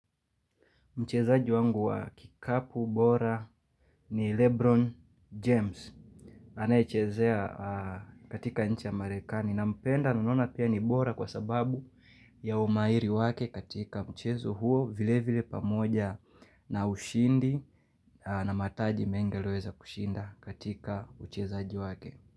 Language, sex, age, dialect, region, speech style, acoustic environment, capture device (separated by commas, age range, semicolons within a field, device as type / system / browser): Swahili, male, 25-34, Kenya - Mombasa, Kenya, relaxed, people talking, mobile / Linux / Chrome